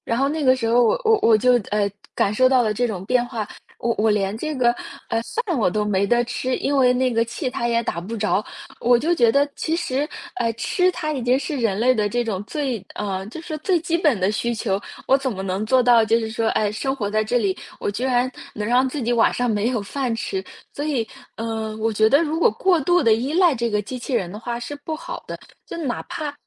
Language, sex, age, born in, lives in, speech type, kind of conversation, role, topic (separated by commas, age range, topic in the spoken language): Chinese, female, 25-29, China, United States, conversation, unstructured, none, 你如何看待未来机器人走进家庭生活？